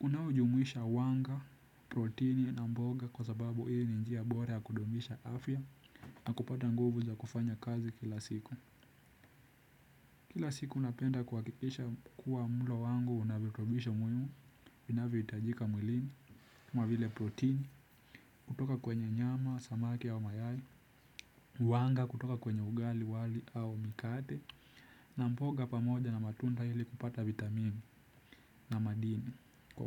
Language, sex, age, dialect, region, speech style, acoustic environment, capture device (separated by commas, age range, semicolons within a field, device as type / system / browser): Swahili, male, 18-24, Kenya - Nairobi Sheng-influenced, Kenya, relaxed, nature; silence; music; appliances, desktop / Windows / Chrome